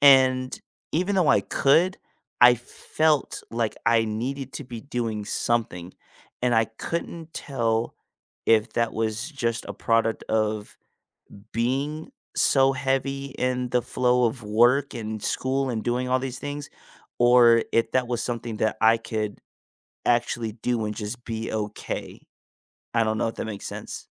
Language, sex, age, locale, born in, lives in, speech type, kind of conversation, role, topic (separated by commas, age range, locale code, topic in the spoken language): English, male, 25-29, en-US, United States, United States, conversation, unstructured, none, How do you balance work and personal life to feel at peace?